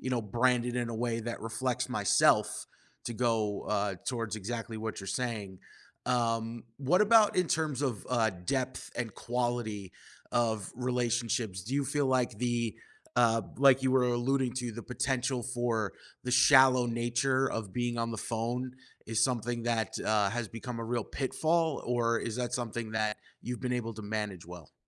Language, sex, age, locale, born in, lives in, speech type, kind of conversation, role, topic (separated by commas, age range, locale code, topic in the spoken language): English, male, 30-34, en-US, United States, United States, conversation, podcast, host, In what ways has technology shaped our relationships and the way we connect with others?